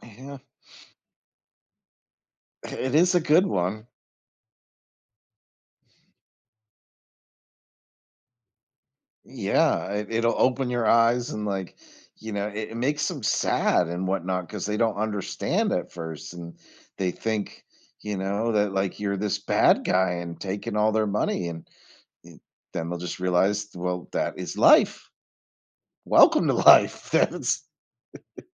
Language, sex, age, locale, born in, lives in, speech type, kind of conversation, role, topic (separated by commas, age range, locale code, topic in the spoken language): English, male, 45-49, en-US, United States, United States, conversation, advice, advisor, How can I foster independent thinking in my kids while keeping them safe?